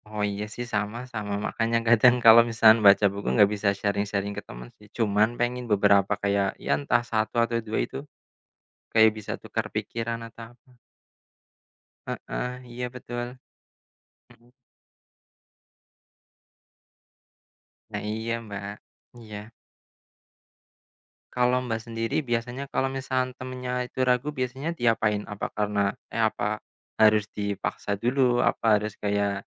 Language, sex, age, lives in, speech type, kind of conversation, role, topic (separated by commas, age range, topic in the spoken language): Indonesian, male, 25-29, Indonesia, conversation, unstructured, none, Bagaimana cara kamu meyakinkan teman untuk mencoba hobi baru?